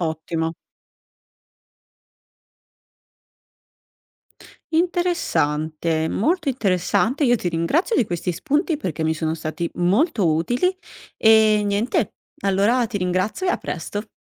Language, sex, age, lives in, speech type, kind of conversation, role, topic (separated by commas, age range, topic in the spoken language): Italian, female, 60-64, Italy, read, expert-assistant, asker, Cosa può andare storto quando cerchiamo di capire il messaggio di un romanzo famoso?